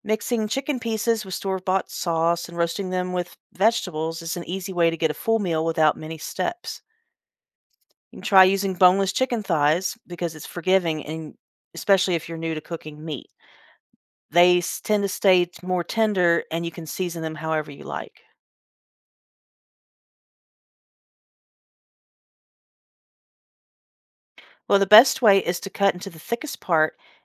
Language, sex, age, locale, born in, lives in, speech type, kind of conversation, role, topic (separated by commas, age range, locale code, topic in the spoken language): English, female, 50-54, en-US, United States, United States, read, expert-assistant, expert, How can learning to cook help you become more independent?